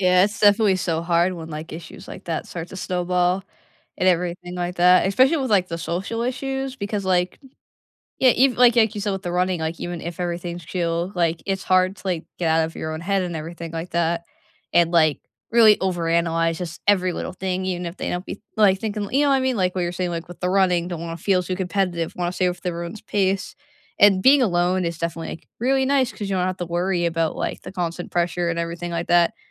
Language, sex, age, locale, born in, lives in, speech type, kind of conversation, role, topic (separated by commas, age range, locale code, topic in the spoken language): English, female, 20-24, en-US, United States, United States, conversation, unstructured, none, After a long day, what is your favorite way to unwind, and why is it meaningful to you?